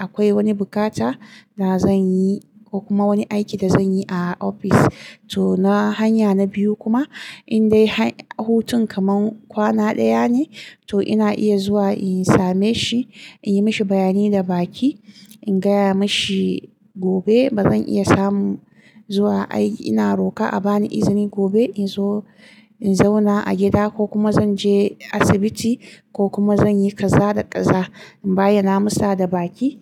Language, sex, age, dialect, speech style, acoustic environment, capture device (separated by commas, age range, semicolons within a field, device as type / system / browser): Hausa, female, 25-34, Nigeria - Kano, focused, silence, mobile / Linux / Chrome